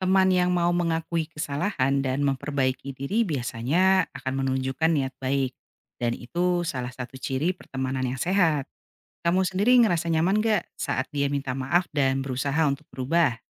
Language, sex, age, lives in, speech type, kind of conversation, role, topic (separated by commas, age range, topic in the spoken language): Indonesian, female, 45-49, Indonesia, read, expert-assistant, expert, Apa arti 'pertemanan sehat', dan bagaimana kita bisa mengenali tanda-tandanya dalam kehidupan sehari-hari?